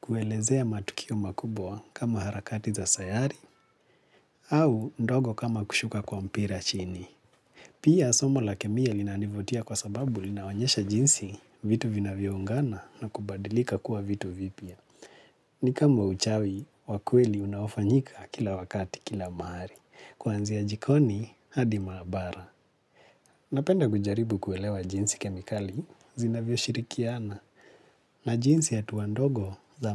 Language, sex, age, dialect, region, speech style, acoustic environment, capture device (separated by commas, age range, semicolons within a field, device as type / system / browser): Swahili, male, 25-34, Kenya - Nairobi Sheng-influenced, Kenya, relaxed, silence; nature, mobile / Linux / Chrome